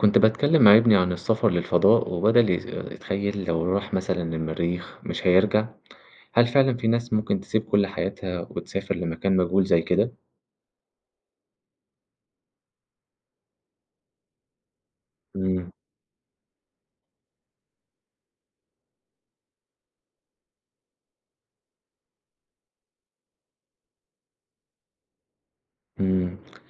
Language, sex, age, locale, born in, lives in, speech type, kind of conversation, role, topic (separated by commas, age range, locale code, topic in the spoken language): Arabic, male, 20-24, ar-EG, Egypt, Egypt, read, expert-assistant, asker, لو جالك فرصة تسافر في رحلة فضاء للمريخ ومش هترجع تاني للأرض، هتوافق؟ وإيه اللي ممكن يخليك تاخد القرار ده؟